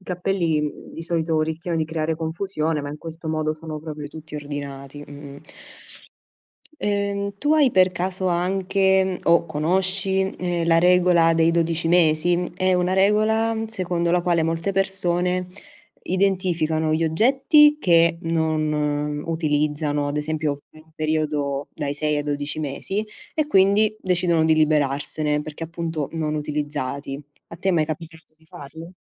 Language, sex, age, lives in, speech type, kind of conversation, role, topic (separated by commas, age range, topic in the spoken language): Italian, female, 25-29, Italy, conversation, podcast, host, Come organizzi al meglio gli spazi in una casa piccola?